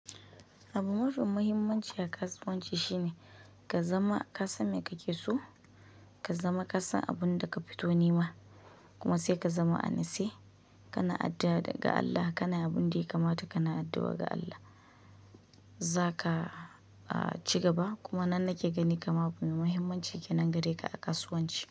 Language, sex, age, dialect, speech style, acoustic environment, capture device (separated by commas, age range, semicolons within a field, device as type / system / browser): Hausa, female, 25-34, Nigeria - Kano, excited; focused, nature, mobile / Linux / Chrome